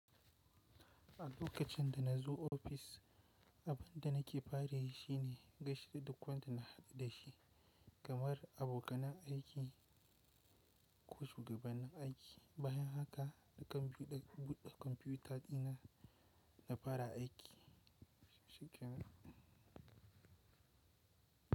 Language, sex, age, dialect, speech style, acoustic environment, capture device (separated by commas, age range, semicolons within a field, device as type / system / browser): Hausa, male, 18-24, Nigeria - Kano, relaxed, silence, mobile / Linux / Chrome